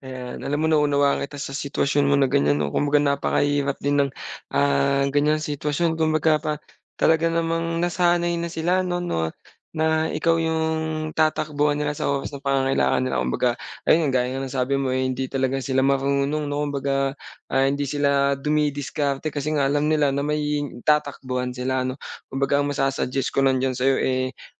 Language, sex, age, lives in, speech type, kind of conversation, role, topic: Filipino, male, 25-29, Philippines, conversation, advice, advisor, Paano ako magsasabi ng “hindi” nang may respeto?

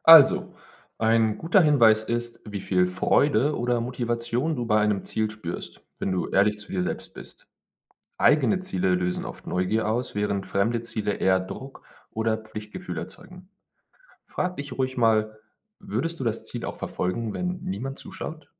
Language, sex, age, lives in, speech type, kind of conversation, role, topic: German, male, 25-29, Germany, read, expert-assistant, expert, Wie kann ich herausfinden, ob meine Ziele wirklich zu mir passen oder von anderen geprägt sind?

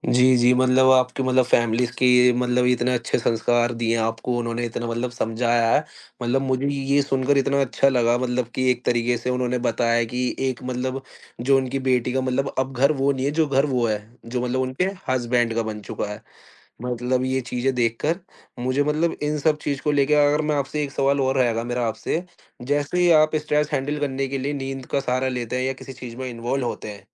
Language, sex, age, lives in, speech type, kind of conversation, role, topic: Hindi, male, 20-24, India, conversation, podcast, host, Stress ko sambhalne ke liye aapki roz ki pasandeeda aadat kya hai?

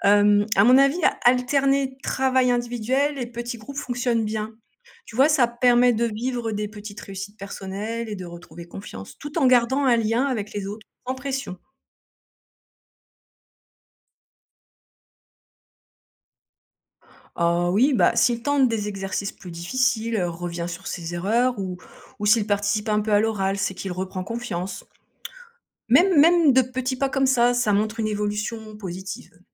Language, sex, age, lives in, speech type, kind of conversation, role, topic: French, female, 50-54, France, read, expert-assistant, expert, Comment éviter que certains élèves décrochent du système scolaire, et quelles solutions marchent vraiment ?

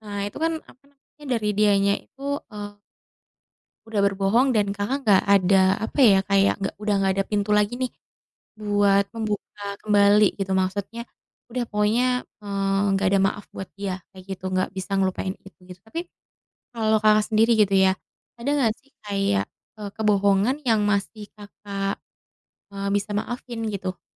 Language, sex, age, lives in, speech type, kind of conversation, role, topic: Indonesian, female, 30-34, Indonesia, conversation, unstructured, none, Menurutmu, seberapa penting kejujuran dalam cinta?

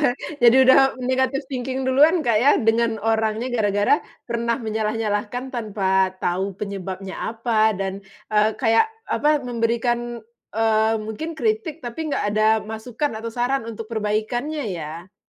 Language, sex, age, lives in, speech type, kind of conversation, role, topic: Indonesian, female, 35-39, Indonesia, conversation, podcast, host, Ceritakan pengalaman pertamamu mengekspresikan diri lewat seni, dong?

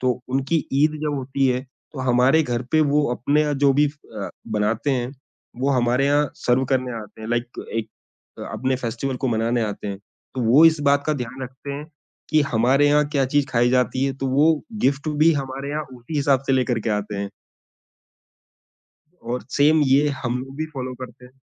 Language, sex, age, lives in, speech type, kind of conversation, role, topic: Hindi, male, 35-39, India, conversation, podcast, guest, Diversity wali community mein unity kaise banaaye rakhte ho?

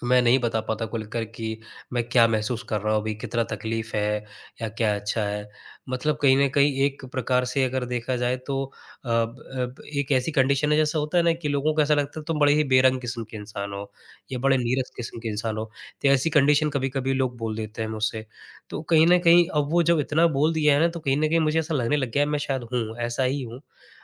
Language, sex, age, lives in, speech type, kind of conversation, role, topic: Hindi, male, 25-29, India, conversation, advice, user, आप रिश्ते में अपनी सच्ची भावनाएँ खुलकर क्यों नहीं बता पा रहे हैं?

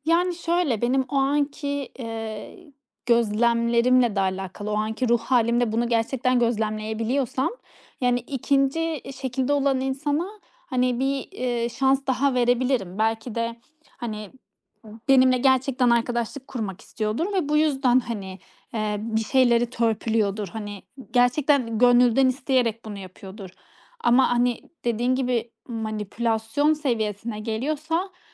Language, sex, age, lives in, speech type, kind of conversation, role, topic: Turkish, female, 30-34, Estonia, conversation, podcast, guest, Arkadaş seçerken sence hangi kırmızı bayraklara dikkat etmek gerekir?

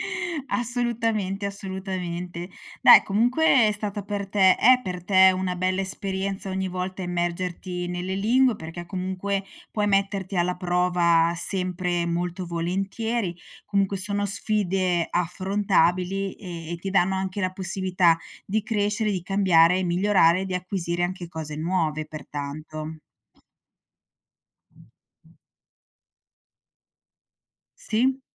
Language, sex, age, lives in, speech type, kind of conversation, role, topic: Italian, female, 45-49, Italy, conversation, podcast, host, Che importanza ha la lingua nella tua identità?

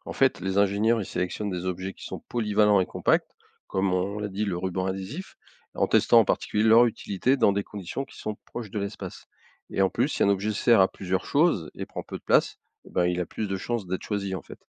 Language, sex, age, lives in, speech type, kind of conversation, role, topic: French, male, 55-59, France, read, expert-assistant, expert, Comment les astronautes utilisent-ils des objets du quotidien pour s’adapter dans l’espace ?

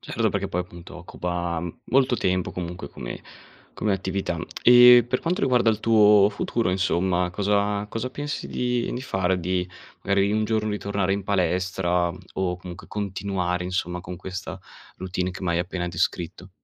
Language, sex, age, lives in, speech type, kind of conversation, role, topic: Italian, male, 20-24, Italy, conversation, podcast, host, Cosa fai per restare attivo senza andare in palestra?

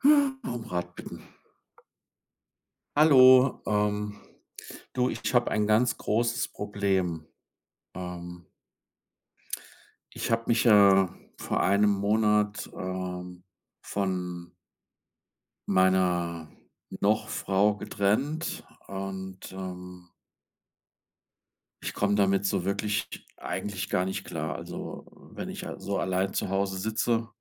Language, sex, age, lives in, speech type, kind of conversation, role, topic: German, male, 55-59, Germany, conversation, advice, user, Wie kann ich nach einer Trennung abschließen und wieder bereit für Nähe sein?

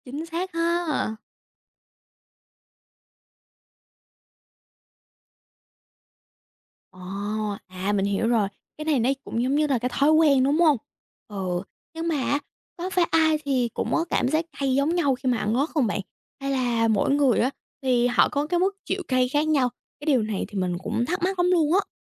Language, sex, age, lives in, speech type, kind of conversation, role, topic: Vietnamese, female, 18-19, United States, read, expert-assistant, asker, Vì sao khi ăn ớt cay, miệng lại cảm thấy nóng rát?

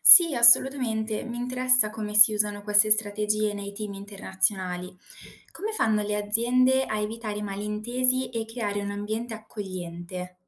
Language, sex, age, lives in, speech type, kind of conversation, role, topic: Italian, female, 20-24, Italy, read, expert-assistant, asker, Come possiamo usare le parole per creare legami più forti tra persone di culture diverse?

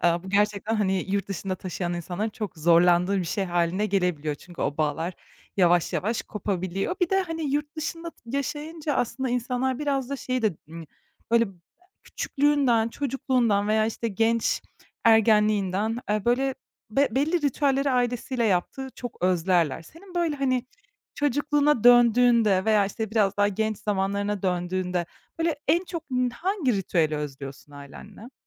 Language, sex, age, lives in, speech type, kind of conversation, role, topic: Turkish, female, 25-29, Germany, conversation, podcast, host, Ailenizde her hafta yaptığınız bir aile ritüeli var mı?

